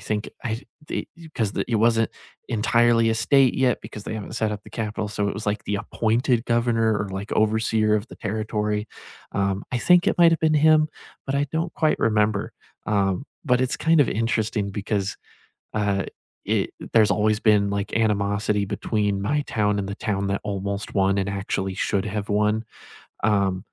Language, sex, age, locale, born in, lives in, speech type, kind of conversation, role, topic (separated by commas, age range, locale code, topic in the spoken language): English, male, 20-24, en-US, United States, United States, conversation, unstructured, none, What was the most surprising thing you learned on a local tour, and how did it change your view?